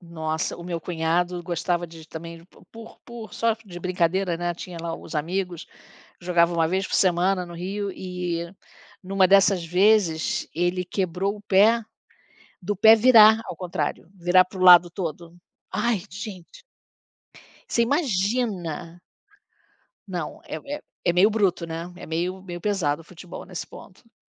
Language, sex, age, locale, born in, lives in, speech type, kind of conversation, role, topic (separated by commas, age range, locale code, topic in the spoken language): Portuguese, female, 60-64, pt-BR, Brazil, United States, conversation, unstructured, none, Qual é sua lembrança favorita relacionada a esportes?